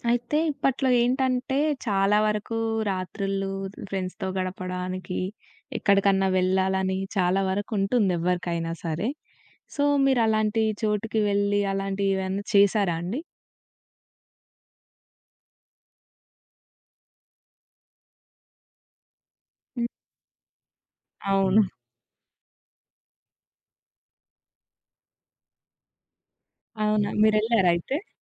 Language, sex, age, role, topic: Telugu, female, 20-24, host, క్యాంపింగ్‌లో గడిపిన రాత్రి నీకు గుర్తుండిపోయిన కథను చెప్పగలవా?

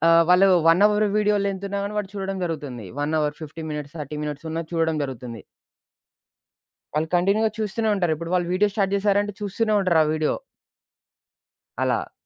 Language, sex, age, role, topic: Telugu, male, 20-24, guest, కొత్త నైపుణ్యాన్ని నేర్చుకోవడం ప్రారంభించడానికి మొదటి అడుగు ఏది?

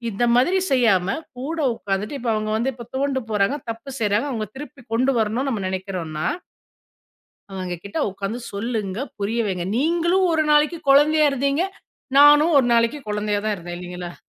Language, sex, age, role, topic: Tamil, female, 40-44, guest, அடுத்த தலைமுறைக்கு வெற்றியை எப்படி கற்பிக்க வேண்டும்?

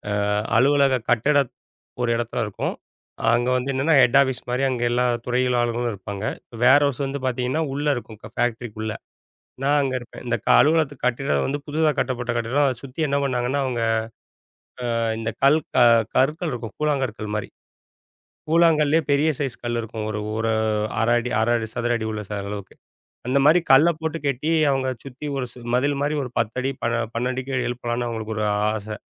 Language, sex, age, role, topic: Tamil, male, 40-44, guest, ஒரு பணிப் பட்டியலில் உள்ள பணிகளுக்கு நீங்கள் முன்னுரிமையை எப்படித் தீர்மானிக்கிறீர்கள்?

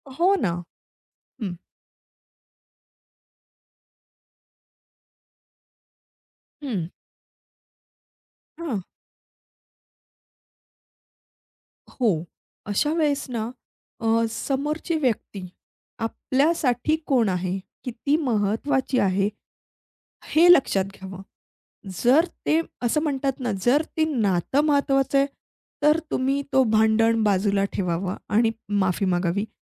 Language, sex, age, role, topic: Marathi, female, 30-34, guest, विवादानंतर माफी कशी मागावी आणि कशी द्यावी?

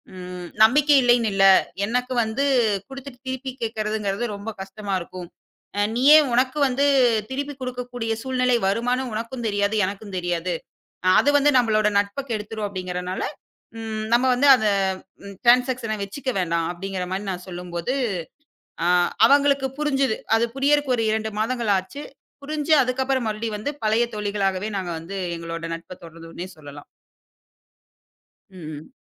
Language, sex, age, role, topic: Tamil, female, 25-29, guest, நண்பர்களிடம் நம்பிக்கையை எப்படிக் கட்டியெழுப்பலாம்?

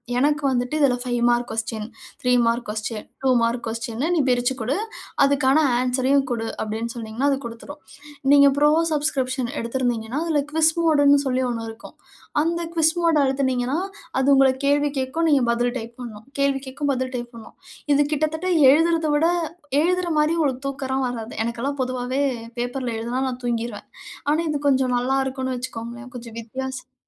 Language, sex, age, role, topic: Tamil, female, 35-39, guest, கல்வியில் பழைய முறையை விட்டுவிட்டு புதிய முறையை ஏற்றுக்கொள்ளும்போது எதிர்கொள்ளும் சவால்கள் என்ன?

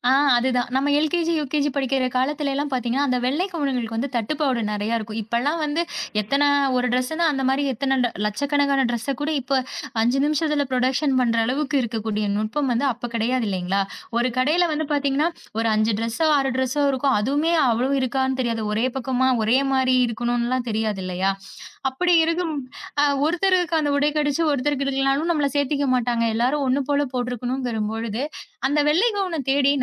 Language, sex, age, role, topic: Tamil, female, 30-34, guest, உங்களுக்கு பிடித்த ஒரு உடையைப் பற்றி ஒரு சிறிய கதை சொல்ல முடியுமா?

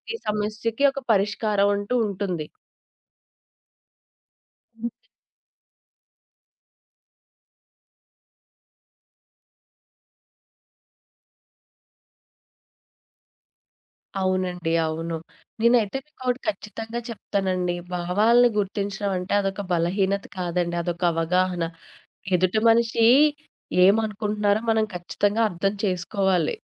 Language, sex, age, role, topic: Telugu, female, 25-29, guest, మీ భావాలను గుర్తించడానికి మీరు ఏమి చేస్తారు?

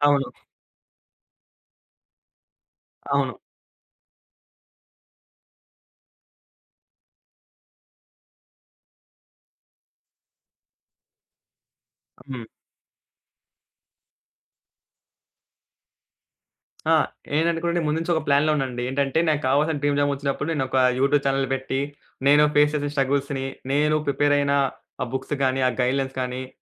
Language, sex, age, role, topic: Telugu, male, 20-24, guest, విఫలమయ్యినప్పుడు మీరు ఎలా ముందుకు సాగుతారు?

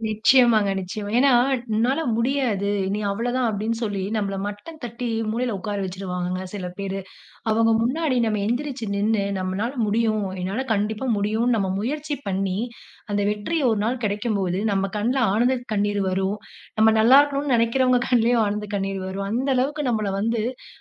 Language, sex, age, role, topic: Tamil, female, 30-34, guest, சிறு வெற்றிகள் உங்கள் பெரும் நோக்கத்தை உருவாக்க உதவுவது எப்படி?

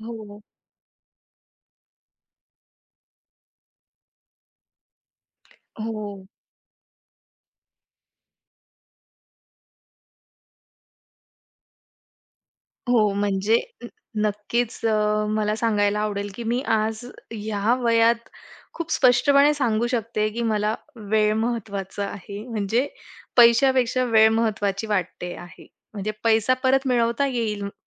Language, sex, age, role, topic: Marathi, female, 25-29, guest, वेळ आणि पैसा यापैकी तुम्हाला काय अधिक महत्त्वाचे वाटते?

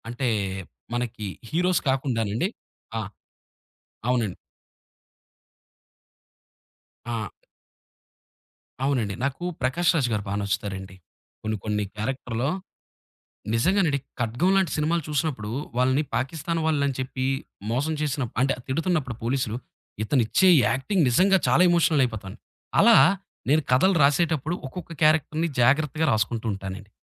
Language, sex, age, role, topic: Telugu, male, 30-34, guest, ఒక కథ రాయేటప్పుడు మీరు మొదట ఏం చేస్తారు?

